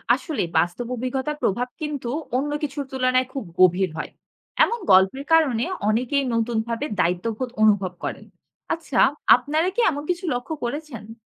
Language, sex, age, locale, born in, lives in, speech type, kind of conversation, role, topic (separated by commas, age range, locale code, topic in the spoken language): Bengali, female, 20-24, bn-BD, Bangladesh, Bangladesh, read, expert-assistant, expert, ভবনের আগুন লাগলে জরুরি ব্যবস্থাপনা ঠিক কীভাবে কাজ করে, এমন কোনো পরিচিত কাহিনি আছে?